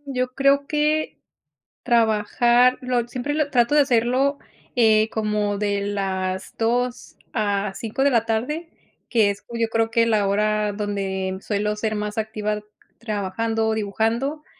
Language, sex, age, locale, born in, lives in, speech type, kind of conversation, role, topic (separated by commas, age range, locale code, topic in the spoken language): Spanish, female, 30-34, es-MX, Mexico, United States, conversation, podcast, guest, ¿Qué hábitos te ayudan a mantener la creatividad activa?